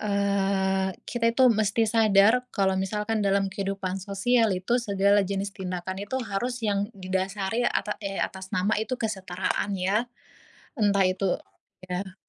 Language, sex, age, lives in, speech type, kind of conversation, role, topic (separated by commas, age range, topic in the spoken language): Indonesian, female, 25-29, Indonesia, conversation, unstructured, none, Apa yang kamu rasakan ketika mengalami diskriminasi berdasarkan agama?